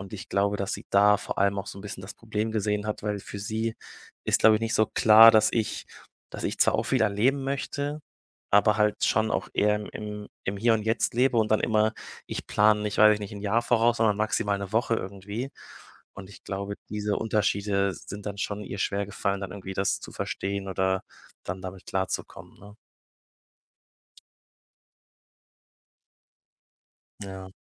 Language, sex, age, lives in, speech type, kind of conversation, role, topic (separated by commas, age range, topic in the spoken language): German, male, 25-29, Germany, conversation, advice, user, Wie kann ich meine Angst überwinden, nach einer Trennung wieder eine neue Beziehung einzugehen?